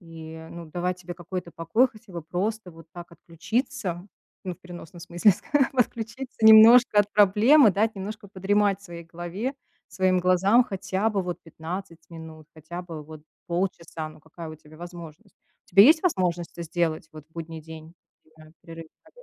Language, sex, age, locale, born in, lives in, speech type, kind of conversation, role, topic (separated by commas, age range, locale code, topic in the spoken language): Russian, female, 35-39, ru-RU, Russia, United States, conversation, advice, advisor, Как дневной сон может помочь вам восстановить энергию в течение дня?